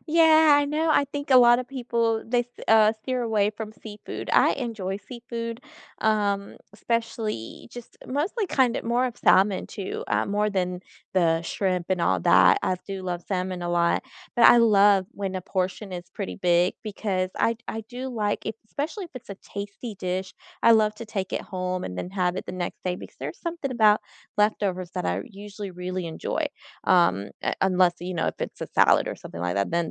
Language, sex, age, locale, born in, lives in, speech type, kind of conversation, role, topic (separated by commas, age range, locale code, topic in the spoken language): English, female, 35-39, en-US, United States, United States, conversation, unstructured, none, What is the best meal you have had recently, and why did it stand out?